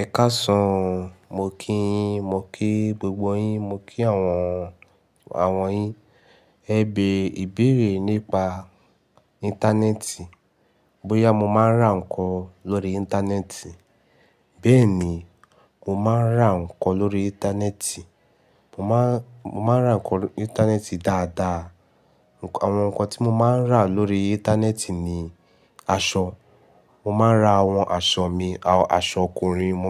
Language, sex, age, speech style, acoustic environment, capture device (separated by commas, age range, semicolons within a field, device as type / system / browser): Yoruba, male, 18-24, nervous; relaxed, music, mobile / Linux / Chrome